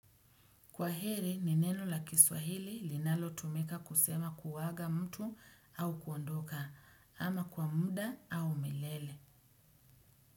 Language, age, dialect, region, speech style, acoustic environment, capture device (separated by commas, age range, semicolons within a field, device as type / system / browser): Swahili, 35-44, Kenya - Mombasa, Kenya, happy, silence, desktop / Windows / Edge